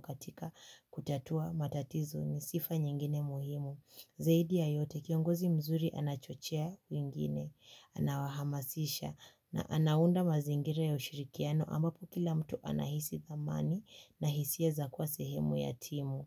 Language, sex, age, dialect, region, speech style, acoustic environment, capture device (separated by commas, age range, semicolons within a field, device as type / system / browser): Swahili, female, 18-24, Kenya - Nairobi Sheng-influenced, Kenya, relaxed, silence, mobile / Linux / Chrome